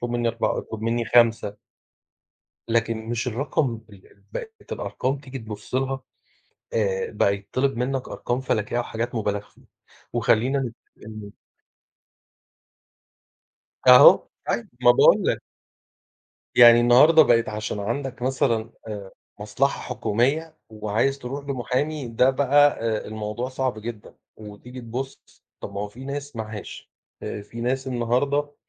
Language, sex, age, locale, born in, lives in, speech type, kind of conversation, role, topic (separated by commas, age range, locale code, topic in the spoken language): Arabic, male, 40-44, ar-EG, Egypt, Portugal, conversation, unstructured, none, إيه رأيك في دور الحكومة في حياة الناس اليومية؟